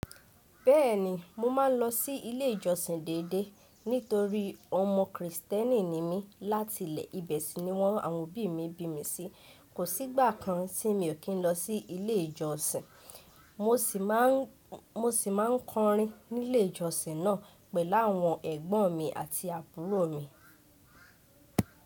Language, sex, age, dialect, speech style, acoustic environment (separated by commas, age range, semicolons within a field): Yoruba, female, 18-24, Nigeria - Ibadan, focused, nature